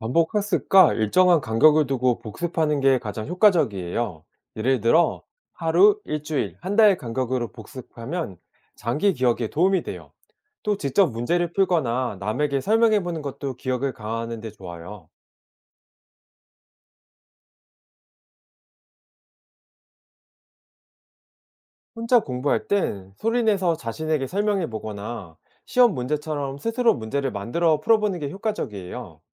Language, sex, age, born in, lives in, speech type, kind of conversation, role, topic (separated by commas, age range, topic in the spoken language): Korean, male, 40-44, South Korea, South Korea, read, expert-assistant, expert, 새로운 정보를 더 오래 기억하려면 어떤 방법으로 공부하는 게 좋을까요?